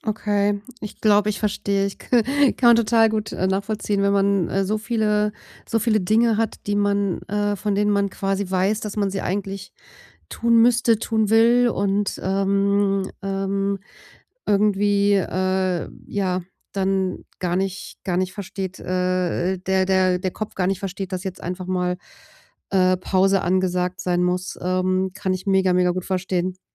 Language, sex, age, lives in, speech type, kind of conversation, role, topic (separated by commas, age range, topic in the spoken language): German, female, 40-44, Germany, conversation, advice, advisor, Wie kann ich besser entspannen, ohne ständig abgelenkt zu werden?